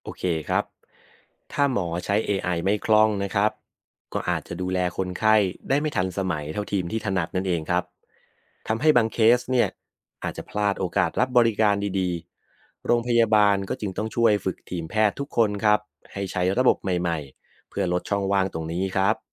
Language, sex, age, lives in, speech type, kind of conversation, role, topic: Thai, male, 30-34, Thailand, read, expert-assistant, expert, ถ้ามีการนำระบบ AI หรือเทคโนโลยีใหม่ ๆ มาใช้ในการดูแลผู้ป่วย จะเกิดความเปลี่ยนแปลงอะไรได้บ้าง และมีข้อจำกัดใดที่ควรพิจารณา?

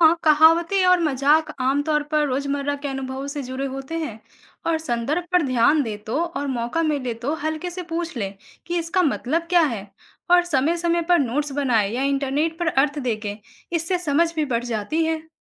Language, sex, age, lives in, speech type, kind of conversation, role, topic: Hindi, female, 20-24, India, read, expert-assistant, expert, मैं अपने आस-पास की अलग-अलग संस्कृतियों को बेहतर समझना और उनसे सीखना कैसे शुरू कर सकता हूँ?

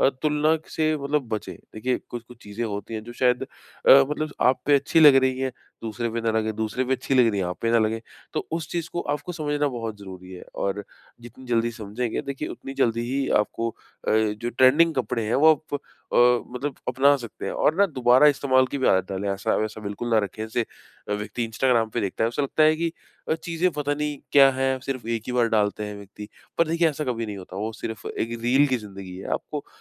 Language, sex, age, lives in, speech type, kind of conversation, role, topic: Hindi, male, 25-29, India, conversation, advice, advisor, आप बजट में अच्छा और ट्रेंडी लुक कैसे पा सकते/सकती हैं?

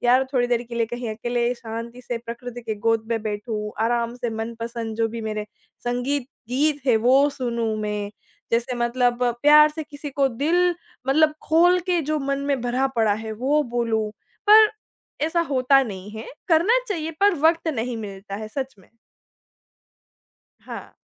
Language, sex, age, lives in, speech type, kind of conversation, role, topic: Hindi, female, 25-29, India, conversation, podcast, guest, आप अपने प्रति दयालु कैसे रहते हैं?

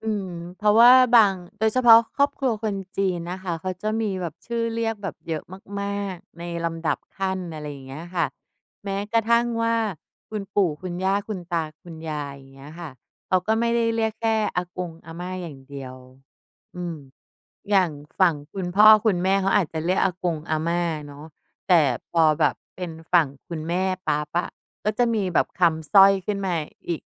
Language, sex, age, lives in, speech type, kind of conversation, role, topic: Thai, female, 45-49, Thailand, conversation, podcast, host, ครอบครัวของคุณส่งต่อค่านิยมให้กันอย่างไรบ้าง?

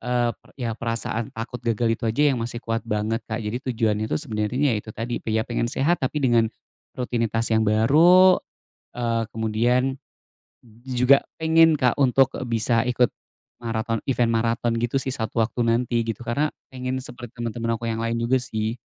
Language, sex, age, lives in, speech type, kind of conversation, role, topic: Indonesian, male, 35-39, Indonesia, conversation, advice, user, Bagaimana cara mengatasi rasa takut tidak berbakat saat ingin mencoba hobi baru?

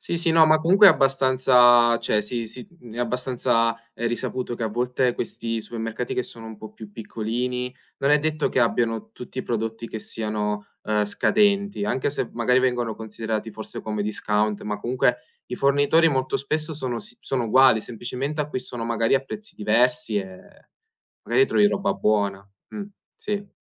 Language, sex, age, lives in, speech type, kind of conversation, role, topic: Italian, male, 20-24, Italy, conversation, podcast, host, Qual è il tuo metodo per fare la spesa senza impazzire?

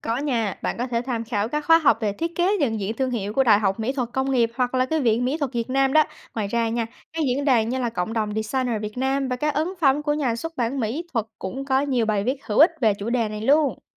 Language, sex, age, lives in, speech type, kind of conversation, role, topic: Vietnamese, female, 20-24, United States, read, expert-assistant, expert, Có kênh thông tin hoặc trang web nào đáng tin cậy để cập nhật xu hướng nghệ thuật số không?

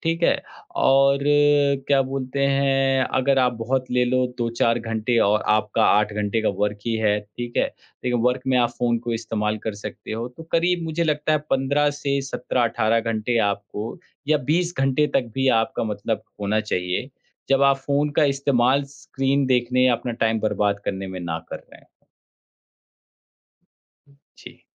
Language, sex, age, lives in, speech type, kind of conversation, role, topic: Hindi, male, 30-34, India, conversation, podcast, guest, Screen time aur family time ka balance aap kaise bana ke rakhte hain?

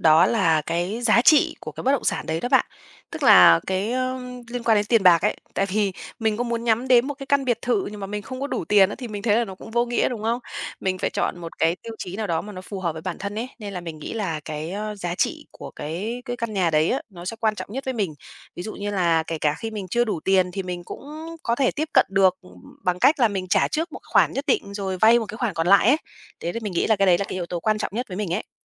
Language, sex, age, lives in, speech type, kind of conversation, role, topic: Vietnamese, female, 30-34, Vietnam, conversation, podcast, guest, Khi chọn mua nhà, bạn chú ý điều gì nhất?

